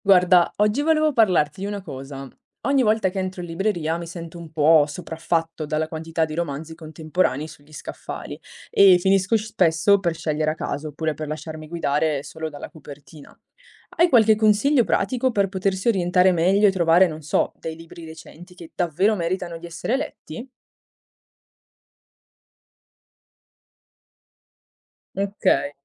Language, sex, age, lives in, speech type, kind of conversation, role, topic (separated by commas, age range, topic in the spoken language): Italian, female, 20-24, Italy, read, expert-assistant, asker, Qual è un modo efficace per scegliere cosa leggere nella letteratura contemporanea?